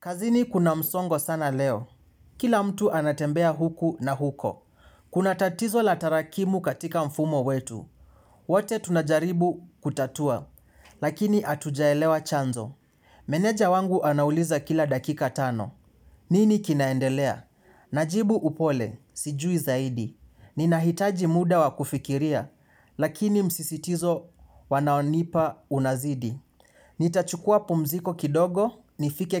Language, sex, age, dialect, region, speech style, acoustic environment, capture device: Swahili, male, 18-24, Kenya - Mombasa, Kenya, stressed; focused, silence, mobile / Linux / Chrome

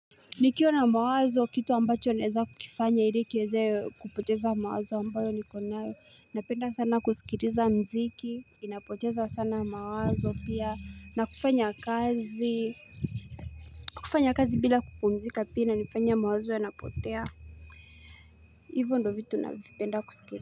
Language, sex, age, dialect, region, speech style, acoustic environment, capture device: Swahili, female, 18-24, Kenya - Mombasa, Kenya, relaxed, nature; silence; music; people talking, mobile / Linux / Chrome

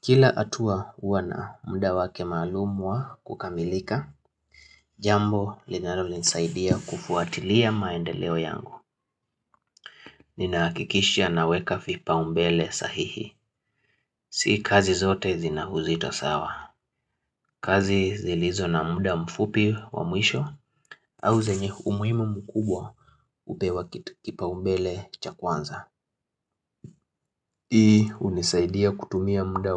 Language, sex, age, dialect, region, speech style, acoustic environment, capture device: Swahili, male, 25-34, Kenya - Nairobi Sheng-influenced, Kenya, relaxed; excited; happy, silence, mobile / Linux / Chrome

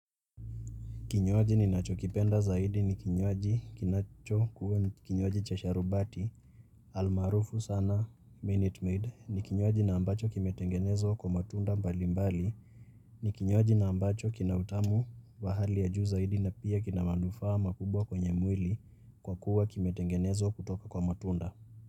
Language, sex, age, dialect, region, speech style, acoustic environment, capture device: Swahili, male, 18-24, Kenya - Nairobi Sheng-influenced, Kenya, focused; relaxed, people talking; nature, mobile / Linux / Chrome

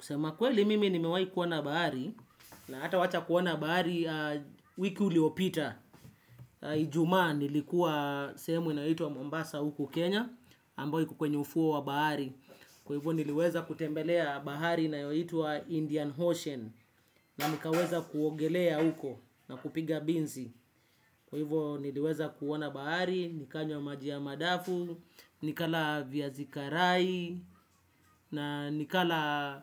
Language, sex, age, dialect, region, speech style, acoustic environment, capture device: Swahili, male, 25-34, Kenya - Nairobi Sheng-influenced, Kenya, relaxed, nature, desktop / Windows / Chrome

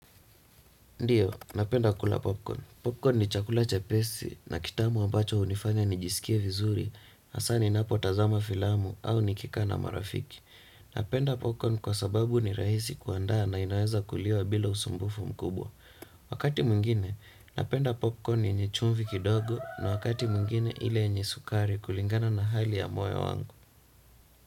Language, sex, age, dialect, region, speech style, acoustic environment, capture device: Swahili, male, 18-24, Kenya - Nairobi Sheng-influenced, Kenya, relaxed; happy, silence, desktop / Windows / Chrome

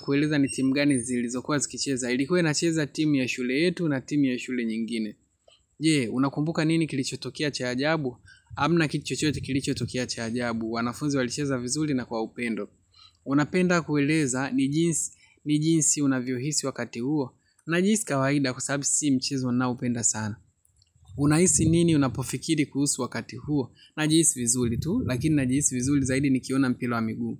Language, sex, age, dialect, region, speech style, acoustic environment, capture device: Swahili, male, 25-34, Tanzania - Dar es Salaam, Tanzania, relaxed, silence, mobile / Linux / Chrome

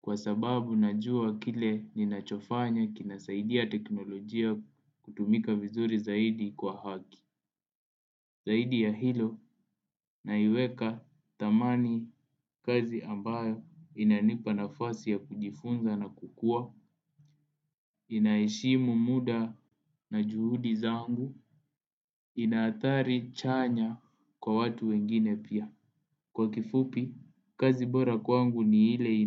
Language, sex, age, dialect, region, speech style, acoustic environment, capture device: Swahili, male, 18-24, Kenya - Nairobi Sheng-influenced, Kenya, focused, appliances, desktop / Windows / Edge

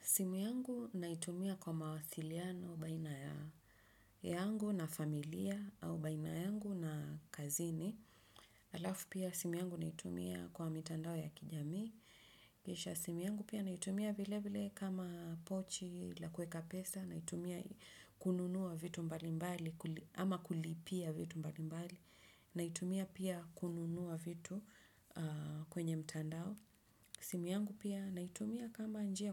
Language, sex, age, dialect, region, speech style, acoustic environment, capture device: Swahili, female, 35-44, Kenya - Nairobi Sheng-influenced, Kenya, focused, nature; silence; appliances, desktop / Windows / Chrome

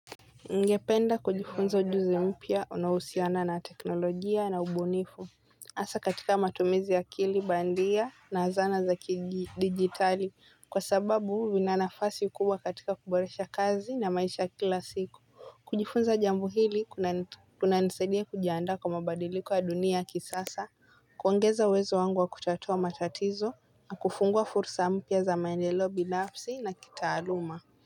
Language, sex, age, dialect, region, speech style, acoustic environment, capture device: Swahili, female, 18-24, Kenya - Mombasa, Kenya, relaxed, people talking, mobile / Linux / Chrome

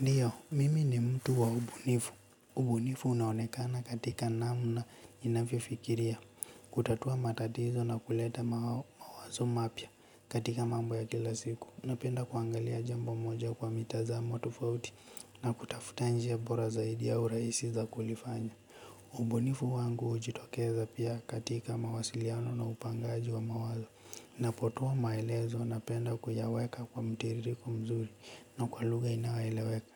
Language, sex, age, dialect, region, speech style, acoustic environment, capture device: Swahili, male, 18-24, Kenya - Nairobi Sheng-influenced, Kenya, relaxed, nature; silence, mobile / Linux / Chrome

